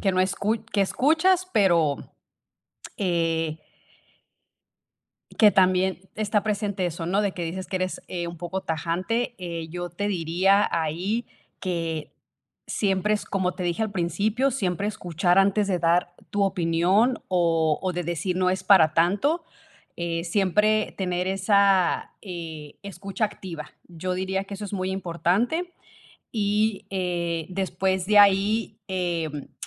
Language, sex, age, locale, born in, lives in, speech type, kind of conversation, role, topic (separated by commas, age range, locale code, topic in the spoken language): Spanish, female, 30-34, es-MX, Mexico, United States, conversation, advice, advisor, ¿Cómo puedo ofrecer apoyo emocional a mi pareja sin minimizar sus sentimientos?